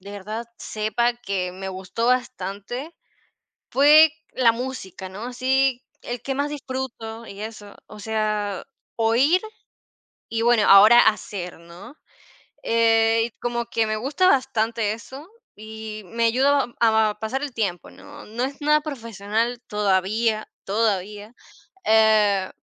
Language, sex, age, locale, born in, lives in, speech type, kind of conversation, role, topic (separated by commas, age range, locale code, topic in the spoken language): Spanish, female, 50-54, es-VE, Venezuela, Portugal, conversation, unstructured, none, ¿Cuál fue el primer pasatiempo que realmente disfrutaste?